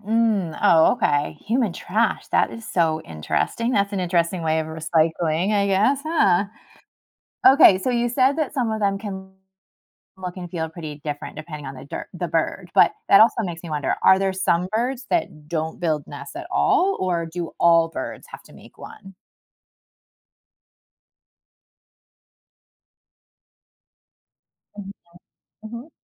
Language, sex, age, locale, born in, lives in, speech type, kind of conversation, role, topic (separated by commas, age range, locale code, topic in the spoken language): English, female, 40-44, en-US, United States, United States, read, expert-assistant, asker, How do birds build their nests?